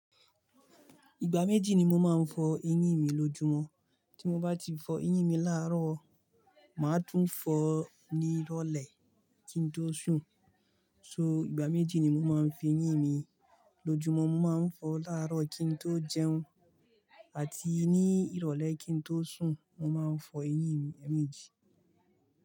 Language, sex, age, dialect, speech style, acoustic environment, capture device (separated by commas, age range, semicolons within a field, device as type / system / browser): Yoruba, male, 25-34, Nigeria - Kano, relaxed, people talking, mobile / Linux / Chrome